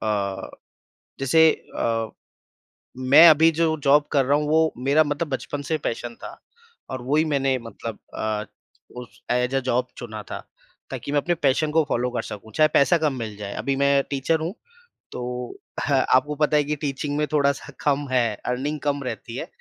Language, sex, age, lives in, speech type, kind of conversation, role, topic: Hindi, male, 30-34, India, conversation, podcast, guest, Agar naukri mein junoon nahi hai, lekin paisa achha mil raha hai, to tum kya karte ho?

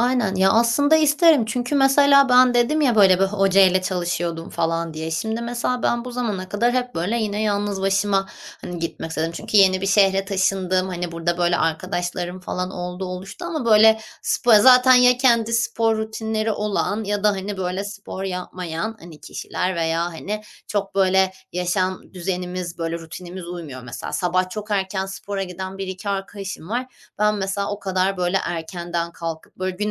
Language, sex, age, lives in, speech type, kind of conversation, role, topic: Turkish, female, 30-34, Netherlands, conversation, advice, user, Egzersiz rutinine bir türlü başlayamıyor ya da sürdüremiyorsan, bunu değiştirmek için nereden başlamalısın?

